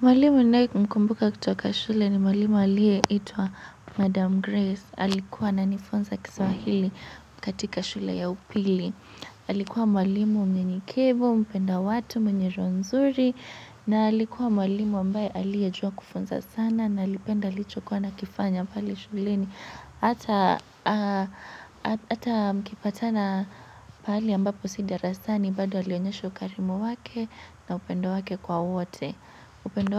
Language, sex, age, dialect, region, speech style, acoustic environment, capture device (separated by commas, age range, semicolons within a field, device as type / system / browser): Swahili, female, 18-24, Kenya - Nairobi Sheng-influenced, Kenya, relaxed, nature, mobile / Linux / Chrome